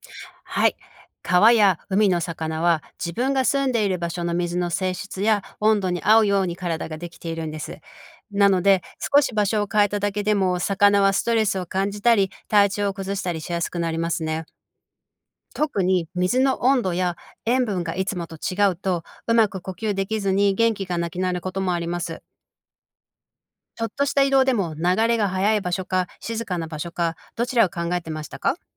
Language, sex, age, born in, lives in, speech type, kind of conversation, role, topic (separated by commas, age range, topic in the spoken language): Japanese, female, 45-49, Japan, United States, read, expert-assistant, expert, どうして魚は水の中で呼吸できるのでしょうか？